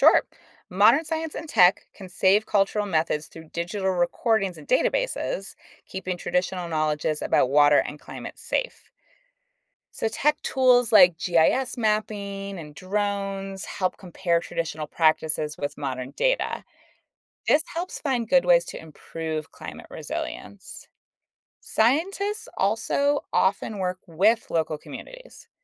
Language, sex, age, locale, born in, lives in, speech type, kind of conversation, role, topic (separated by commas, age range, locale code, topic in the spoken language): English, female, 35-39, en-US, United States, United States, read, expert-assistant, expert, What is the science behind the water cycle?